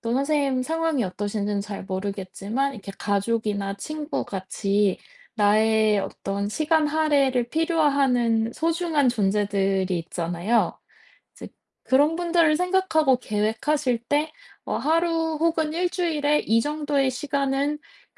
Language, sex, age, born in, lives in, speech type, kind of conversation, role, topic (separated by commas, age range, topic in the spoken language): Korean, female, 30-34, South Korea, United States, conversation, advice, advisor, 여가 시간에 죄책감과 산만함 없이 편하게 쉬려면 어떻게 해야 하나요?